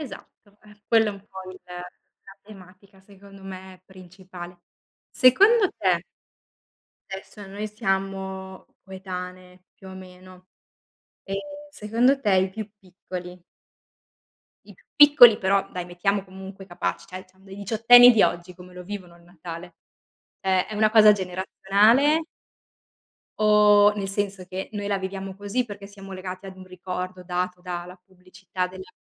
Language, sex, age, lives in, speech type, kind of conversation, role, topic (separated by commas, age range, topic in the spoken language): Italian, female, 30-34, Italy, conversation, unstructured, none, Quale festa religiosa ti dà più gioia?